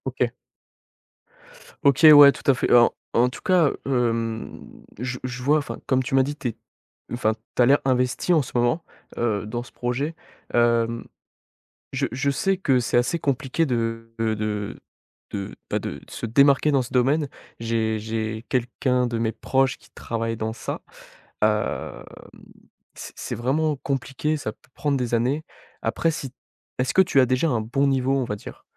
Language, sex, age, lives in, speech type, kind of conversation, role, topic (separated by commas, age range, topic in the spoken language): French, male, 18-19, France, conversation, advice, advisor, Comment gérer la peur d’échouer après avoir beaucoup investi personnellement dans un projet ?